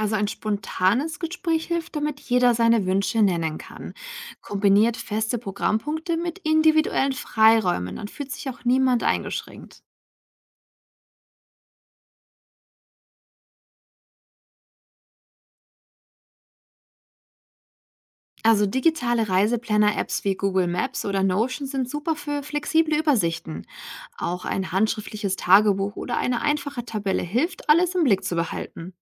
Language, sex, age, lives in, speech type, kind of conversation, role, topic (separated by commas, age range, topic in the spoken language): German, female, 65-69, Germany, read, expert-assistant, expert, Wie kann ich meine Reiseplanung so verbessern, dass ich mehr aus meinen Urlauben heraushole?